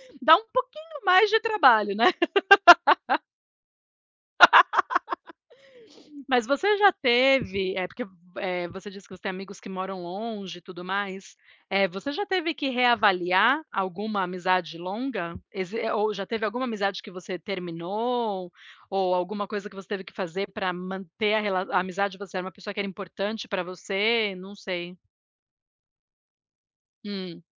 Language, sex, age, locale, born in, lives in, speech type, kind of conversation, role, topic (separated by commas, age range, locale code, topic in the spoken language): Portuguese, female, 40-44, pt-BR, Brazil, United States, conversation, unstructured, none, Qual é o segredo para manter uma amizade de longa data?